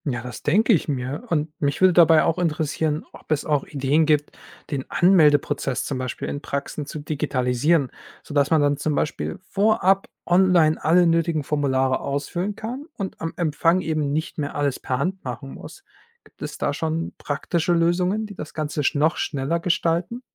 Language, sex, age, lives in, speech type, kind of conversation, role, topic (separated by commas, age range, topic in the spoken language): German, male, 25-29, Germany, read, expert-assistant, asker, Wie können Arztbesuche für Berufstätige durch digitale Lösungen effizienter gestaltet werden?